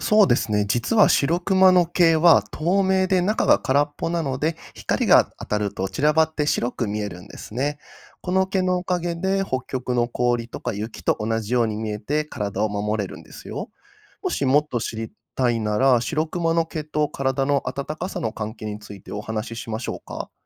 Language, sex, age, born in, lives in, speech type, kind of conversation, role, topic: Japanese, male, 30-34, Japan, Japan, read, expert-assistant, expert, なぜシロクマの毛は白いのか？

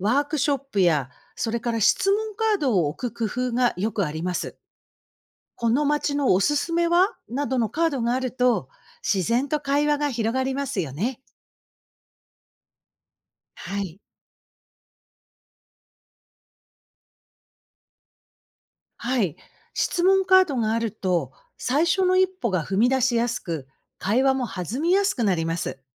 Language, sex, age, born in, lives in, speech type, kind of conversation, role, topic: Japanese, female, 55-59, Japan, United States, read, expert-assistant, expert, 旅先で地元の人ともっと深く交流できる仕組みには、どんな可能性があるでしょうか？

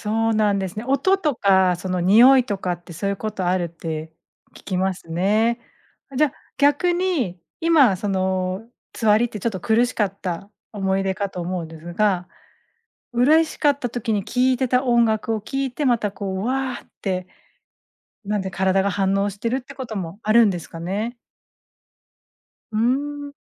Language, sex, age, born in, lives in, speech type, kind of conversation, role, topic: Japanese, female, 40-44, Japan, Japan, conversation, podcast, host, 音楽は気分にどんな影響を与えると感じますか？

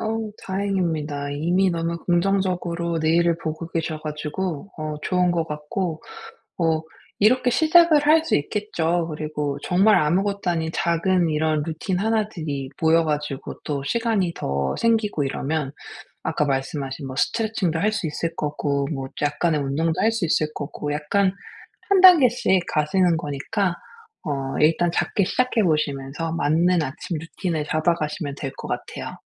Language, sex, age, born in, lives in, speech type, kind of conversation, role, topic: Korean, female, 40-44, South Korea, United States, conversation, advice, advisor, 짧고 지속 가능한 아침 습관을 어떻게 시작하면 좋을까요?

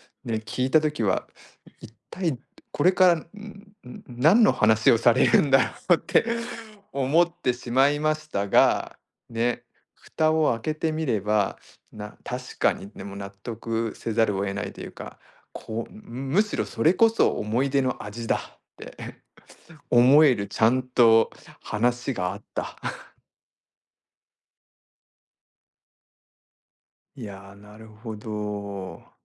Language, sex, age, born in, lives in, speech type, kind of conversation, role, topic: Japanese, male, 40-44, Japan, Japan, conversation, podcast, host, 思い出の味はありますか？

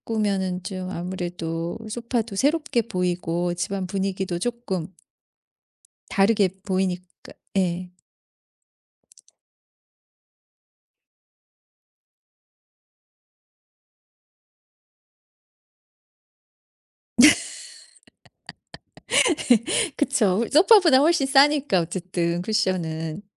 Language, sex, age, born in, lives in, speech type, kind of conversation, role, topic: Korean, female, 50-54, South Korea, United States, conversation, podcast, guest, 집 분위기를 저예산으로 바꿀 수 있는 아이디어를 추천해 주실 수 있나요?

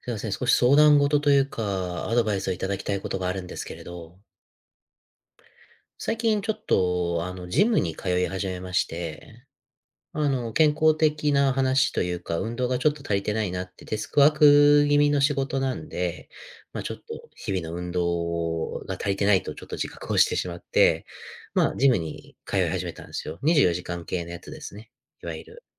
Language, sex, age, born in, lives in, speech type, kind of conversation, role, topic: Japanese, male, 30-34, United States, United States, conversation, advice, user, ジムで周りと比べて自信が持てず不安になってしまうのはなぜですか？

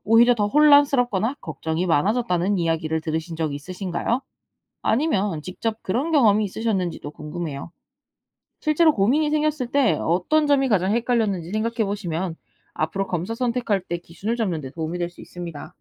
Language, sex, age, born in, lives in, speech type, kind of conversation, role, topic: Korean, female, 20-24, South Korea, Japan, read, expert-assistant, expert, 정기 건강검진, 꼭 받아야 하고 어떤 검사를 선택해야 하나요?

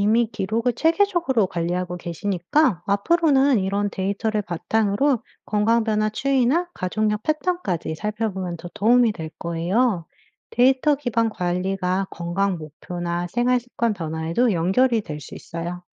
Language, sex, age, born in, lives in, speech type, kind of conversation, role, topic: Korean, female, 35-39, United States, United States, read, expert-assistant, expert, 장기적인 건강 관리를 위해 진료 예약과 건강 기록을 어떻게 체계적으로 관리할 수 있을까요?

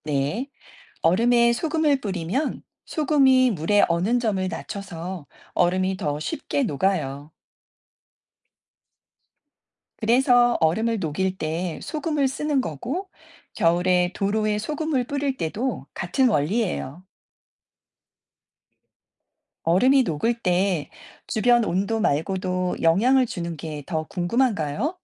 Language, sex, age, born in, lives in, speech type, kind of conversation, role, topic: Korean, female, 50-54, South Korea, United States, read, expert-assistant, expert, 왜 얼음은 물보다 가벼울까요?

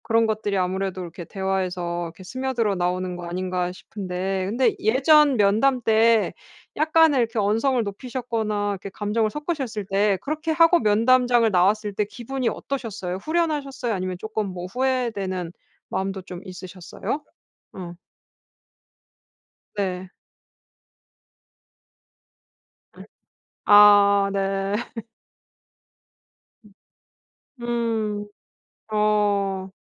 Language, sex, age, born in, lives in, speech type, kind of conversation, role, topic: Korean, female, 35-39, South Korea, France, conversation, advice, advisor, 감정을 더 잘 알아차리고 차분하게 말하려면 어떻게 해야 하나요?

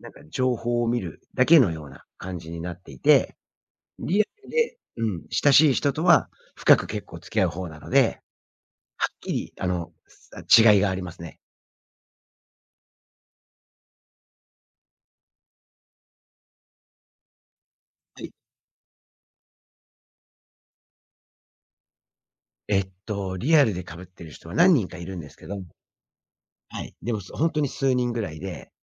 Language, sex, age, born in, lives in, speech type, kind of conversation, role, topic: Japanese, male, 45-49, Japan, United States, conversation, podcast, guest, SNSでのつながりはリアルのつながりと比べて、どう違うと思いますか？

